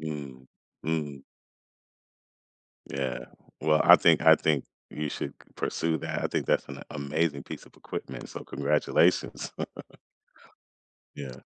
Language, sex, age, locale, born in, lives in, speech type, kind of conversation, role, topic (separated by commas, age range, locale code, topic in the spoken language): English, male, 45-49, en-US, United States, United States, conversation, unstructured, none, What is the best way to start a workout routine?